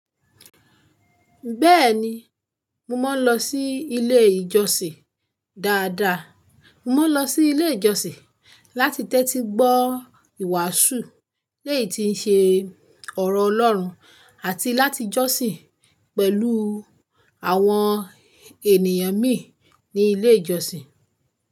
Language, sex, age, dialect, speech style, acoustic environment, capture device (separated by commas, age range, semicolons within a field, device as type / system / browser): Yoruba, female, 25-34, Nigeria - Ibadan, focused; relaxed, silence, mobile / Linux / Chrome